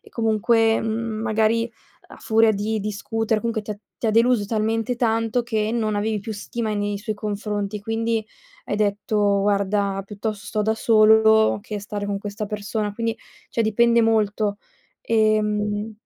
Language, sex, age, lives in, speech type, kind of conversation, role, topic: Italian, female, 20-24, Italy, conversation, advice, advisor, Come ti ritiri e ti isoli dopo piccoli conflitti?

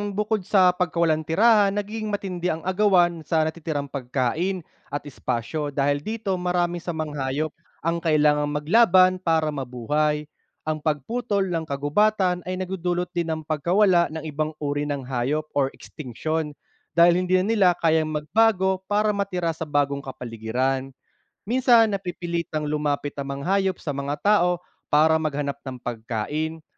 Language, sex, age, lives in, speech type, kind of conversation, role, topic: Filipino, male, 30-34, Philippines, read, expert-assistant, expert, Ano ang mga epekto ng pagputol ng kagubatan sa mga hayop?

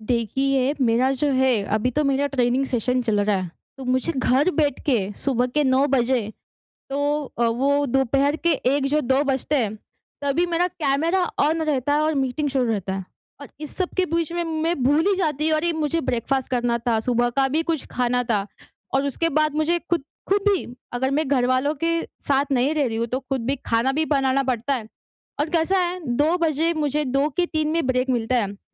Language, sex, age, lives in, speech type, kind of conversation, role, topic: Hindi, female, 20-24, India, conversation, advice, user, ब्रेक लेकर मैं अपनी ऊर्जा और एकाग्रता कैसे सुधार सकता हूँ?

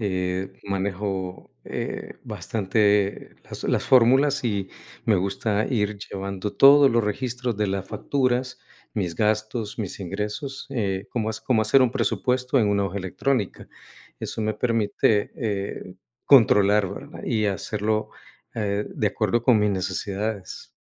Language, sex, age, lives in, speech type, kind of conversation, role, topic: Spanish, male, 55-59, United States, conversation, unstructured, none, ¿Cómo manejas tu presupuesto mensual?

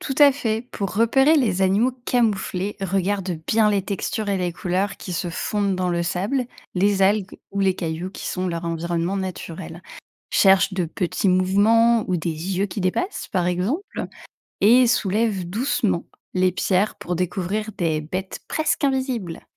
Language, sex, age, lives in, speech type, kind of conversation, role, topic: French, female, 35-39, France, read, expert-assistant, expert, Comment explorer et reconnaître facilement les animaux marins en famille ?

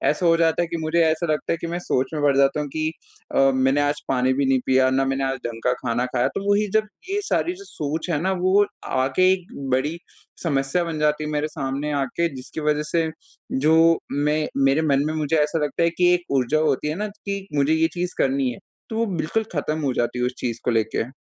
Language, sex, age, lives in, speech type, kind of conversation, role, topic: Hindi, male, 20-24, India, conversation, advice, user, अगर मेरी ऊर्जा या स्वास्थ्य में गिरावट आ रही हो, तो मुझे क्या करना चाहिए?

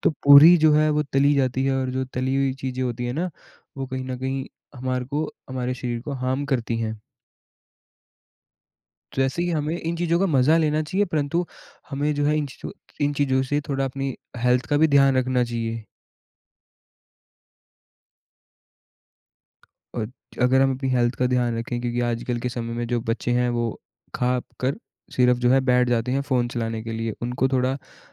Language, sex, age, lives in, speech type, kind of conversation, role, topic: Hindi, male, 20-24, India, conversation, podcast, host, Aapke parivaar ke liye kaunsi tyohari dish sabse khaas hoti hai?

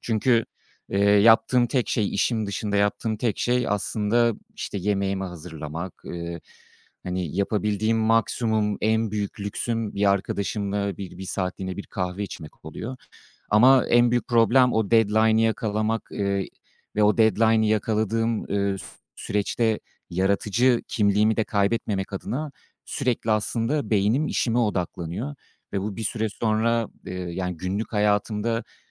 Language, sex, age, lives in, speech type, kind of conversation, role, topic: Turkish, male, 35-39, France, conversation, advice, user, Yaratıcı işlerin ile günlük sorumluluklarını dengelemekte neden zorlanıyorsun?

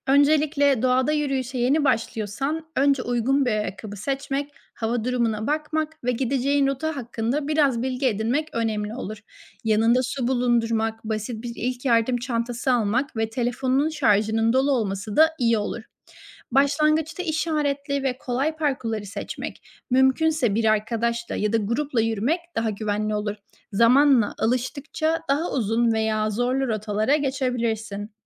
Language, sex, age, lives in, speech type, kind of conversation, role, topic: Turkish, female, 25-29, Spain, read, expert-assistant, expert, Doğa yürüyüşüne yeni başlayanlar için nelere dikkat etmek gerekir?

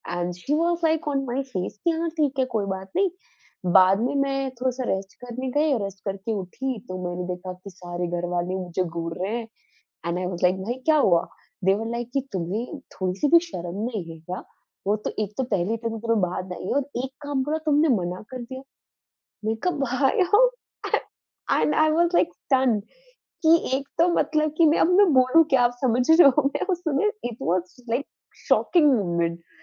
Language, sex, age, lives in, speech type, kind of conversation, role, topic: Hindi, female, 20-24, India, conversation, podcast, host, Jab tumhe kisi cheez ke liye mana karna ho, toh tum kaise bolte ho?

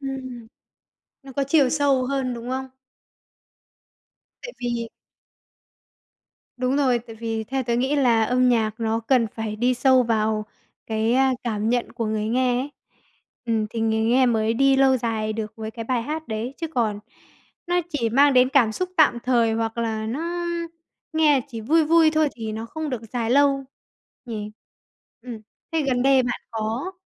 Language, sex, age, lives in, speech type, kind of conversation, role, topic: Vietnamese, female, 45-49, Vietnam, conversation, podcast, host, Bạn có thể kể về một bài hát mà bạn nghe đi nghe lại hoài vẫn không chán không?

